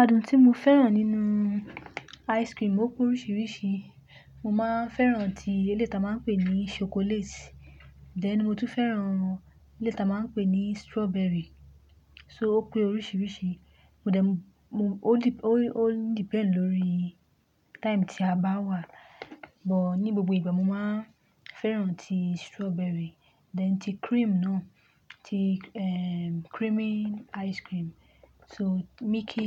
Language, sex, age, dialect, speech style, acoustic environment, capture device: Yoruba, female, 25-34, Nigeria - Lagos Yoruba, happy, nature, mobile / Linux / Chrome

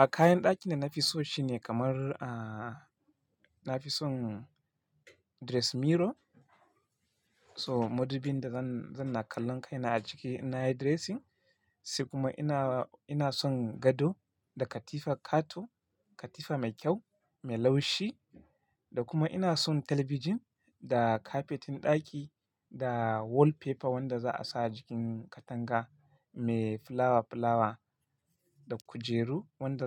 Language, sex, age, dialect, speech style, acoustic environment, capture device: Hausa, male, 25-34, Nigeria - Kano, relaxed; focused, silence, mobile / Linux / Chrome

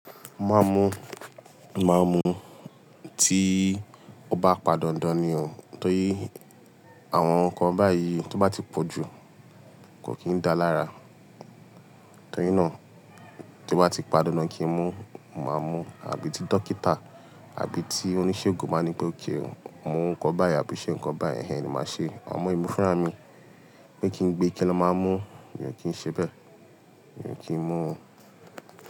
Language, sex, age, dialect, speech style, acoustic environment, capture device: Yoruba, male, 25-34, Nigeria - Lagos Yoruba, tired, appliances, mobile / Linux / Chrome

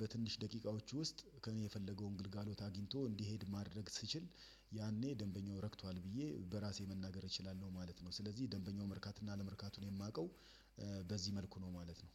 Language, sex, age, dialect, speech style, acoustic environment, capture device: Amharic, male, 35-44, Ethiopia - Addis Ababa, excited; relaxed, silence; nature, desktop / Windows / Chrome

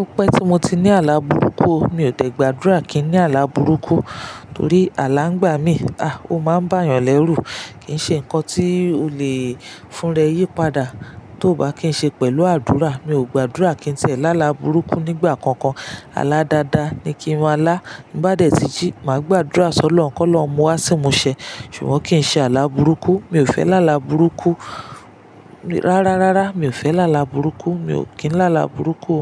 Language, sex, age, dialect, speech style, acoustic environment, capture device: Yoruba, female, 35-44, Nigeria - Lagos Yoruba, relaxed, silence, mobile / Linux / Chrome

